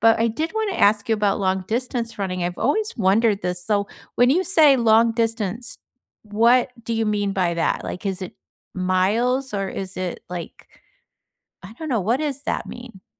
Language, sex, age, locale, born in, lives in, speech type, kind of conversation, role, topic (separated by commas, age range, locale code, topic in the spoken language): English, female, 65-69, en-US, United States, United States, conversation, unstructured, none, What’s your go-to way to unwind after a long day, and why does it help you?